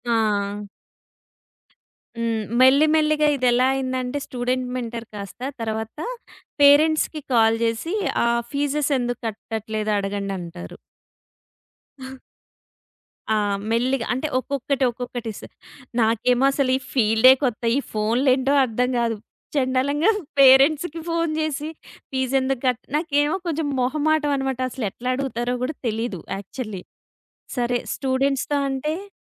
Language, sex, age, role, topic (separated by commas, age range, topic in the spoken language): Telugu, female, 30-34, guest, ఉద్యోగుల మానసిక ఆరోగ్యాన్ని కార్యాలయంలో ఎలా కాపాడాలి?